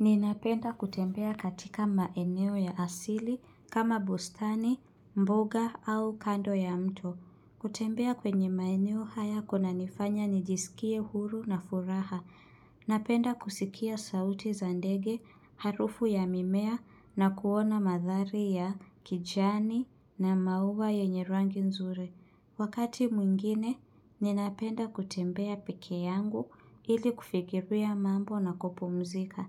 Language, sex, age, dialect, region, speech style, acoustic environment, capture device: Swahili, female, 25-34, Kenya - Nairobi Sheng-influenced, Kenya, relaxed; excited, silence, mobile / Linux / Chrome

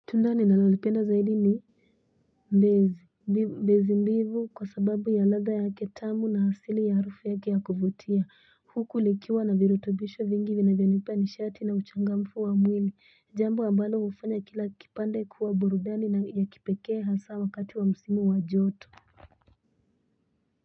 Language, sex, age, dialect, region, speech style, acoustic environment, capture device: Swahili, female, 18-24, Kenya - Mombasa, Kenya, happy, silence, mobile / Linux / Chrome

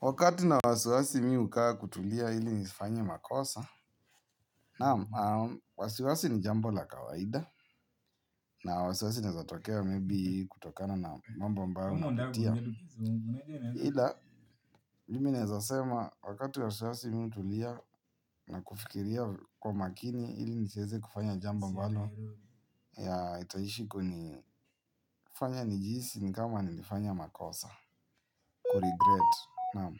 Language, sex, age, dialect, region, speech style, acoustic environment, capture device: Swahili, male, 18-24, Kenya - Nairobi Sheng-influenced, Kenya, happy, people talking, mobile / Linux / Chrome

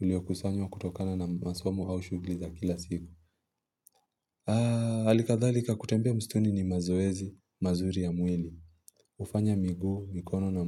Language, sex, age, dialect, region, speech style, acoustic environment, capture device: Swahili, male, 25-34, Kenya - Mombasa, Kenya, relaxed; focused, silence; nature, mobile / Linux / Chrome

